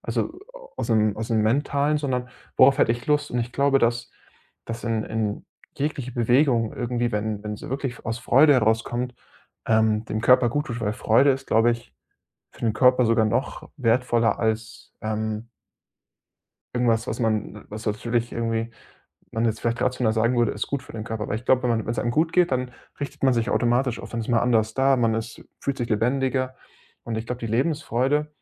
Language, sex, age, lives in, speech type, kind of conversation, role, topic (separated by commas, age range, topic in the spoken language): German, male, 25-29, Germany, conversation, advice, advisor, Wie plane ich mein Training nach einer längeren Pause langfristig?